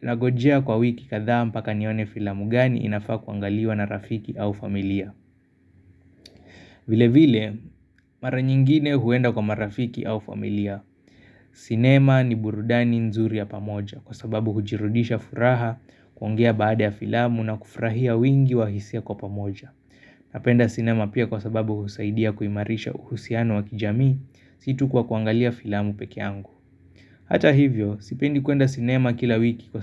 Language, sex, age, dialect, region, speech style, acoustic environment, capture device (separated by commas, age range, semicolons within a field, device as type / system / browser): Swahili, male, 25-34, Kenya - Nairobi Sheng-influenced, Kenya, tired; focused; excited, construction; nature; appliances, mobile / Linux / Chrome